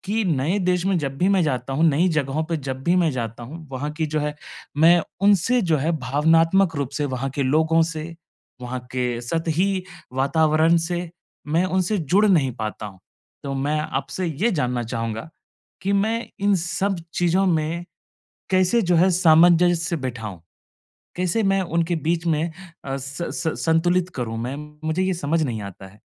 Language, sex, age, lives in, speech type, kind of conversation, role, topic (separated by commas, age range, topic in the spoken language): Hindi, male, 25-29, India, conversation, advice, user, नए देश में भावनात्मक रूप से सामंजस्य कैसे बिठाऊँ?